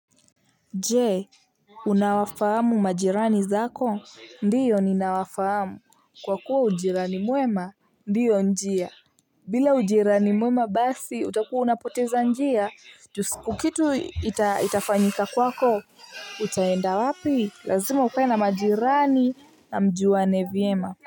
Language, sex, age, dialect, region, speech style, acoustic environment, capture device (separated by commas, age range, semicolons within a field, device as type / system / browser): Swahili, female, 18-24, Kenya - Nairobi Sheng-influenced, Kenya, happy, people talking, mobile / Linux / Chrome